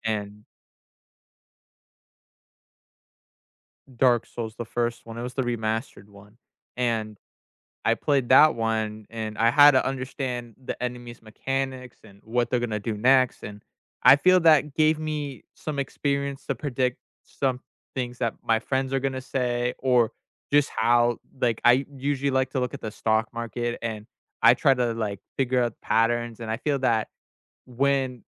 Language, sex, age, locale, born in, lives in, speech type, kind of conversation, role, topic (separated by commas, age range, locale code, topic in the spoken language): English, male, 20-24, en-US, United States, United States, conversation, unstructured, none, What hobby surprised you by how much it taught you?